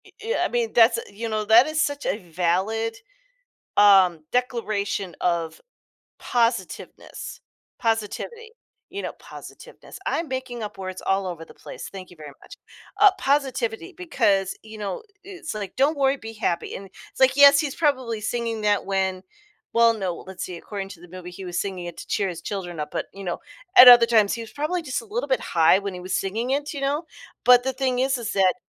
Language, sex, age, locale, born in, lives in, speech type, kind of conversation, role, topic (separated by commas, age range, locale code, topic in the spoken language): English, female, 45-49, en-US, United States, United States, conversation, podcast, host, What helps you stay resilient when facing challenges in your wellness journey?